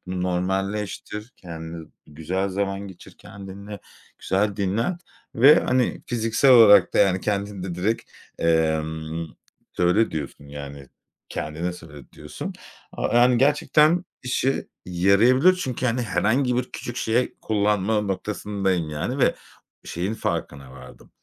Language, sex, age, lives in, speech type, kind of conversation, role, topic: Turkish, male, 35-39, Spain, conversation, advice, user, Boş vaktiniz olduğunda neden suçluluk hissedip dinlenmenize izin veremiyorsunuz?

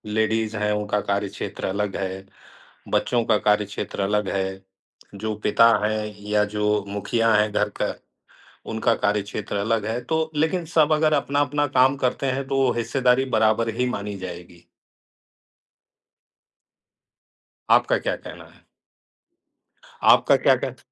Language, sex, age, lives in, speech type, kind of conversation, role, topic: Hindi, male, 55-59, India, conversation, unstructured, none, क्या आपको लगता है कि घर के काम में सबकी बराबर हिस्सेदारी होनी चाहिए?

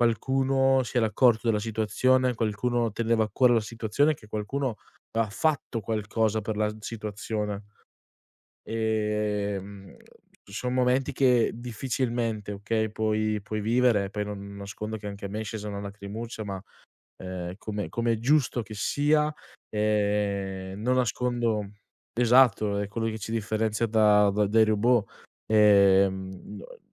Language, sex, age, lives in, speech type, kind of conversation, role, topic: Italian, male, 20-24, Italy, conversation, podcast, guest, Raccontami di una volta in cui hai aiutato qualcuno e questa esperienza ti ha cambiato?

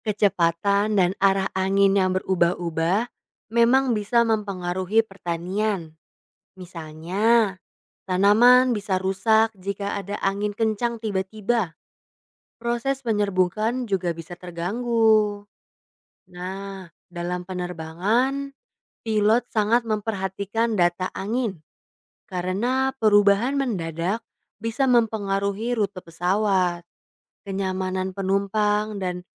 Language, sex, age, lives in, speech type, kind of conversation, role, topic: Indonesian, female, 20-24, Indonesia, read, expert-assistant, expert, Apa yang menyebabkan angin bertiup di bumi?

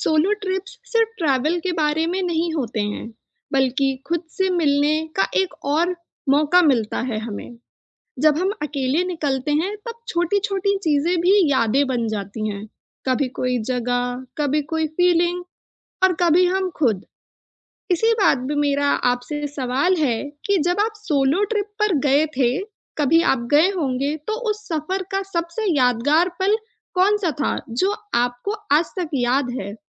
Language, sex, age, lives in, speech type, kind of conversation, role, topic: Hindi, female, 25-29, India, conversation, podcast, host, Solo trip ka sabse yaadgar pal tumhare liye kya tha?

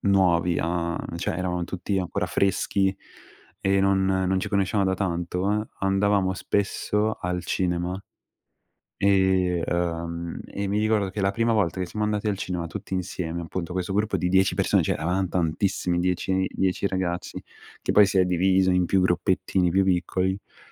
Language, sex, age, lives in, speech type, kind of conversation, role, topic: Italian, male, 18-19, Italy, conversation, unstructured, none, Qual è il tuo miglior ricordo con i tuoi amici?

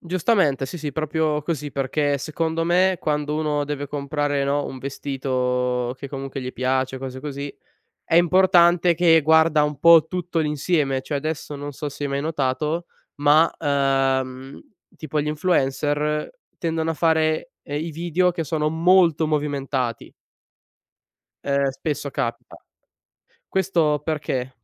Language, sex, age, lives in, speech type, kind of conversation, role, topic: Italian, male, 20-24, Italy, conversation, podcast, guest, Segui la moda straniera o resti fedele al tuo stile locale?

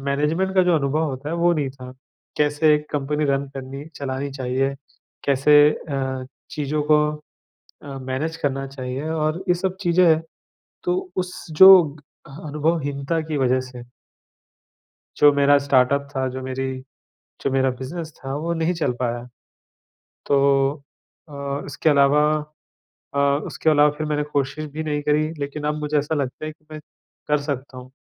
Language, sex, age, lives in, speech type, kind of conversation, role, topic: Hindi, male, 35-39, India, conversation, advice, user, डर और खुद पर शक होने पर असफलता के बाद मैं फिर से कोशिश कैसे करूँ?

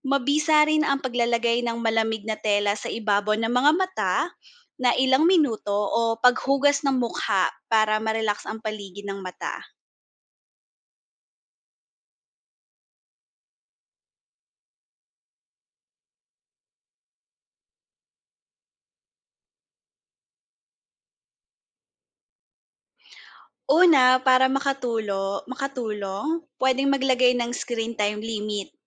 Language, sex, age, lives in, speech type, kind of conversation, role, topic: Filipino, female, 30-34, Philippines, read, expert-assistant, expert, Ano ang mga epekto ng labis na paggamit ng cellphone sa kalusugan ng mata?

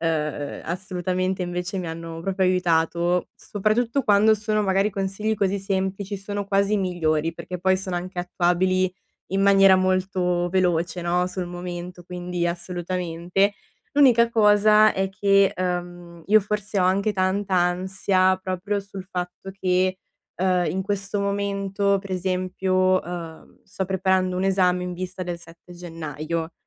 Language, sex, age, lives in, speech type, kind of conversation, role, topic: Italian, female, 20-24, Italy, conversation, advice, user, Come posso gestire la fatica mentale e rimanere concentrato?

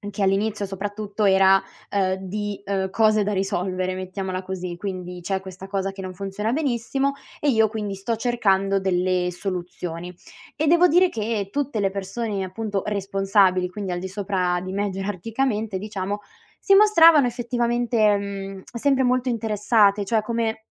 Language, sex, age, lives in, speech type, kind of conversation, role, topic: Italian, female, 20-24, Italy, conversation, advice, user, Come vivi la sindrome dell’impostore nel tuo nuovo lavoro?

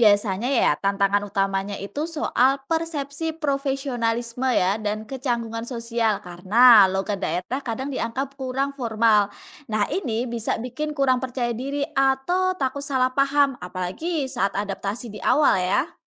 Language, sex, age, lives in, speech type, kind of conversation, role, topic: Indonesian, female, 30-34, Indonesia, read, expert-assistant, expert, Bagaimana logat atau aksen daerah bisa memengaruhi cara orang diperlakukan atau dinilai di masyarakat?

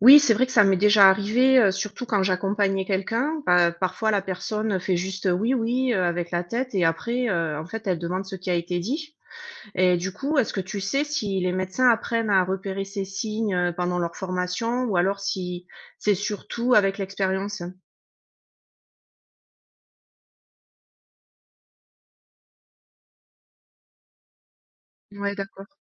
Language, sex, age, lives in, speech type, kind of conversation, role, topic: French, female, 40-44, United States, read, expert-assistant, asker, Comment gérer les malentendus entre médecins et patients lors des consultations ?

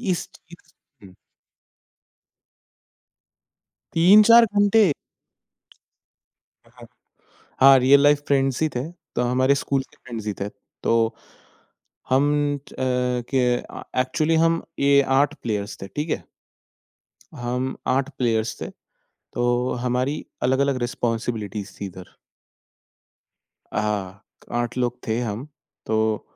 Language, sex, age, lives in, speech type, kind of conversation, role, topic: Hindi, male, 20-24, India, conversation, podcast, guest, Tum khel-kood ke zariye stress kaise kam karte ho?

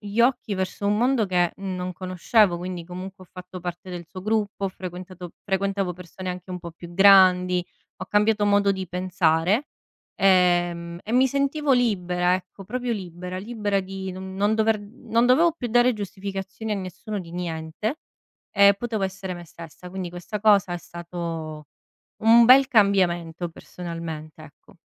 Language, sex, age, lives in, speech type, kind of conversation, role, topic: Italian, female, 30-34, Italy, conversation, unstructured, none, Hai mai avuto paura di mostrarti per quello che sei?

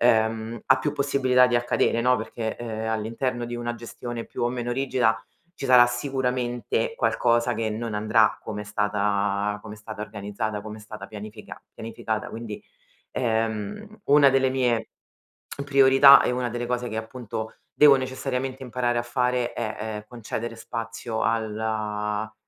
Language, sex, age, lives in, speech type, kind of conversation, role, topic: Italian, female, 35-39, Italy, conversation, advice, user, Come posso organizzarmi meglio per ridurre l’ansia anticipatoria?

